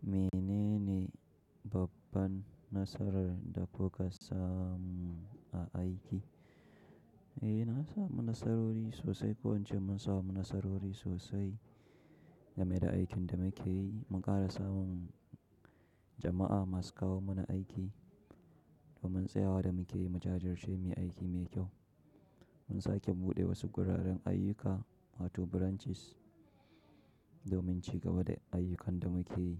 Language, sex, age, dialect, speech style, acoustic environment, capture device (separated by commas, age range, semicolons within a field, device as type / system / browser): Hausa, male, 25-34, Nigeria - Nigerian Standard, focused; happy, silence, mobile / Linux / Chrome